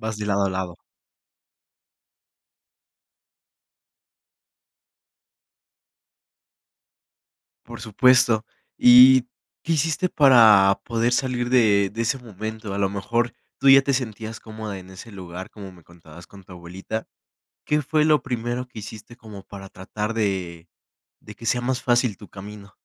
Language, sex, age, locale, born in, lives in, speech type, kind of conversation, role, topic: Spanish, male, 18-19, es-MX, Mexico, Mexico, conversation, podcast, host, ¿En qué aspectos sientes que perteneces tanto a este lugar como a otro?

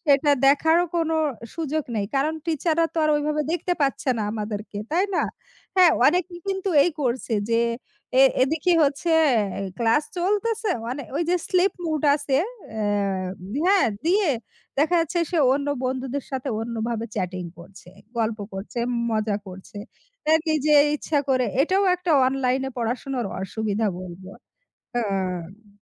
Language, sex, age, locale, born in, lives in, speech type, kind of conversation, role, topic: Bengali, female, 35-39, bn-BD, Bangladesh, Bangladesh, conversation, unstructured, none, অনলাইনে পড়াশোনা শিক্ষাব্যবস্থাকে কীভাবে পরিবর্তন করেছে?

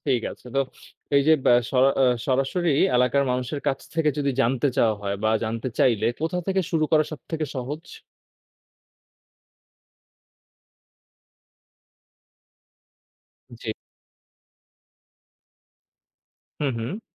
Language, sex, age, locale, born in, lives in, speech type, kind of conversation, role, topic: Bengali, male, 20-24, bn-BD, Bangladesh, Bangladesh, read, expert-assistant, asker, যদি জানতে চাই, কোন এলাকায় কোন পেশা বা অর্থনৈতিক কার্যকলাপ বেশি জনপ্রিয়, তাহলে কোথায় খুঁজবো ভালো তথ্য?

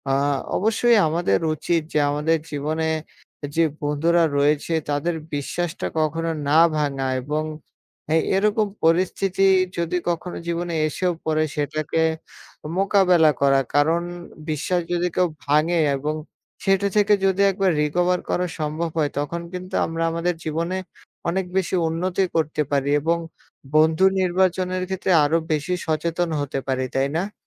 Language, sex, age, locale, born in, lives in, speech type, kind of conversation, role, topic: Bengali, male, 20-24, bn-BD, Bangladesh, Bangladesh, conversation, unstructured, none, বন্ধুদের মধ্যে বিশ্বাস হারানোর কারণ কী হতে পারে?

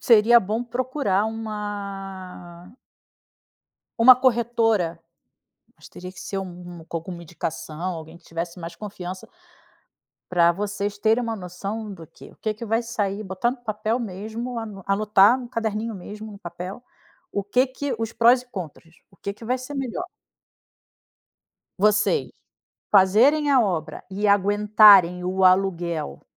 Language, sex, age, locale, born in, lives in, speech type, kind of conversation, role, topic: Portuguese, female, 40-44, pt-BR, Brazil, Spain, conversation, advice, advisor, Como posso lidar com um conflito com o meu parceiro sobre decidir se devemos mudar de casa ou não?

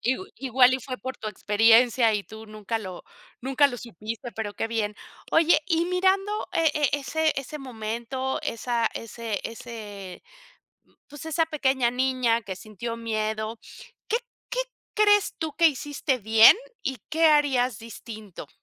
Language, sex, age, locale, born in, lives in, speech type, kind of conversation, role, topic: Spanish, female, 50-54, es-MX, Mexico, Mexico, conversation, podcast, host, ¿Cuál fue tu peor experiencia a causa de la cancelación de un vuelo?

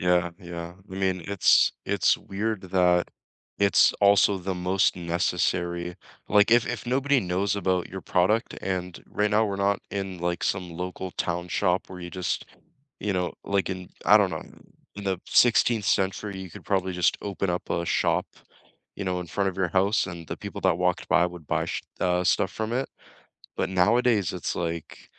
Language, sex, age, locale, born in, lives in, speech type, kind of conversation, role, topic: English, male, 20-24, en-CA, Canada, Canada, conversation, unstructured, none, How do you weigh higher pay against protecting your work-life balance?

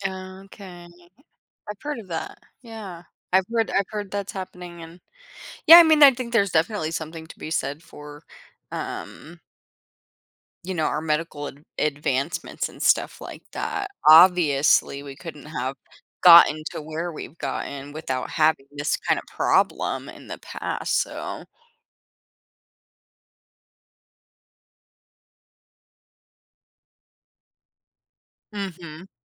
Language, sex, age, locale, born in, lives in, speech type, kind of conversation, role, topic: English, female, 25-29, en-US, United States, United States, conversation, podcast, host, Why is it important to understand history when facing today's challenges?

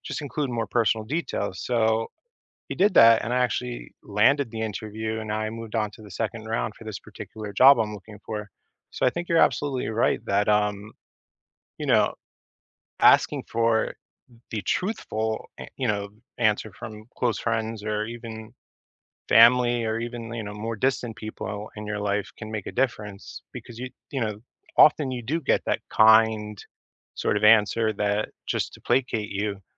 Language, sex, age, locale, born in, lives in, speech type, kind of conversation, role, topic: English, male, 35-39, en-US, United States, United States, conversation, unstructured, none, What small, everyday gestures help you build trust and closeness with the people you care about?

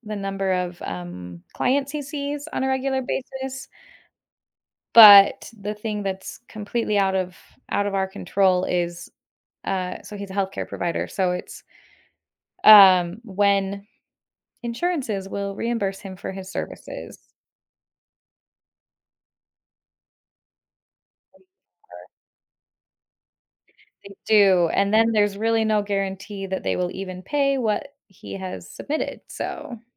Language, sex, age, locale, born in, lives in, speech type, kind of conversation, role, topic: English, female, 35-39, en-US, United States, United States, conversation, advice, user, How can I lower my monthly expenses without missing out on things I enjoy?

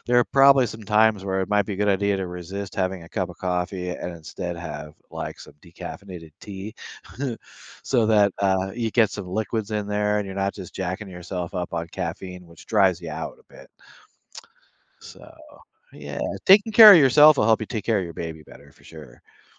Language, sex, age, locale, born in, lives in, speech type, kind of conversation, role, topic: English, male, 60-64, en-US, United States, United States, conversation, advice, advisor, How do I establish gentle, manageable routines as a first-time parent?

